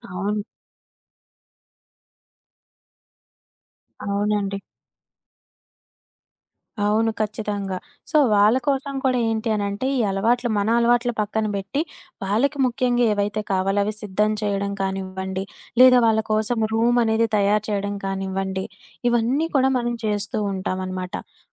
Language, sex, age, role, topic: Telugu, female, 25-29, guest, పండుగల సమయంలో మన రోజువారీ అలవాట్లు ఎలా మారుతాయి?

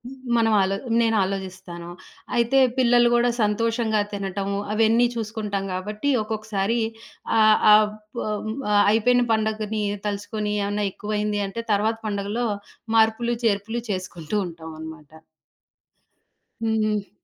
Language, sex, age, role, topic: Telugu, female, 40-44, guest, రాబోయే పండుగల్లో అధికంగా తినకుండా ఆహారాన్ని ఎలా నియంత్రించుకుంటావు?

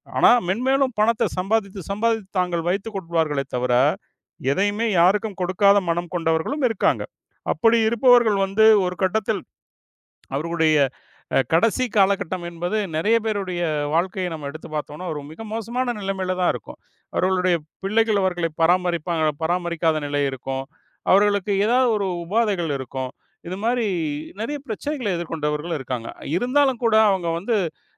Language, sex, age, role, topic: Tamil, male, 40-44, guest, பணம் மற்றும் புகழ் உங்கள் அடையாளத்தை மாற்றுகின்றன என்று நினைக்கிறீர்களா?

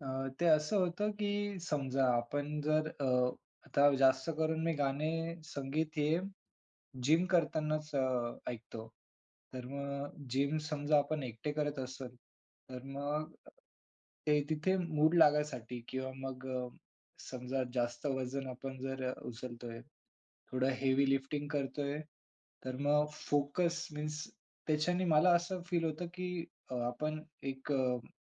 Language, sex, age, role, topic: Marathi, male, 20-24, guest, संगीत ऐकल्यामुळे तुमचा एकाग्रपणा वाढतो का, आणि तो कसा वाढतो?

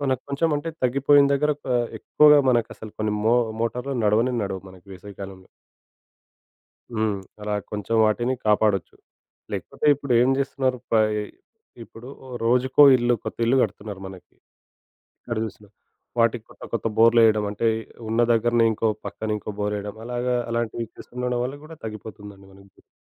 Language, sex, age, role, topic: Telugu, male, 25-29, guest, నీటి వనరుల సంరక్షణలో ఇంటి స్థాయిలో మీరు ఏమి చేస్తారు?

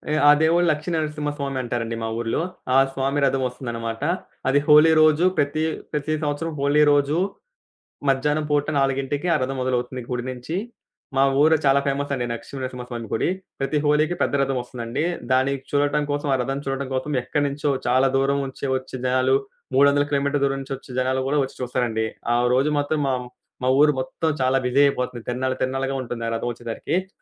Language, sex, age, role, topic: Telugu, male, 20-24, guest, స్థానిక పండుగలో పాల్గొన్నప్పుడు మీకు అత్యంత గుర్తుండిపోయిన విశేషం ఏది?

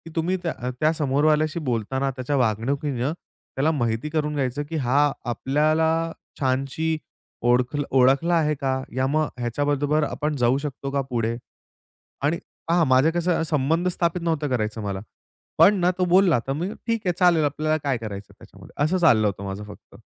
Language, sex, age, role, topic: Marathi, male, 25-29, guest, एखाद्या अजनब्यामुळे तुमचा प्रवास पूर्णपणे बदलला आहे का?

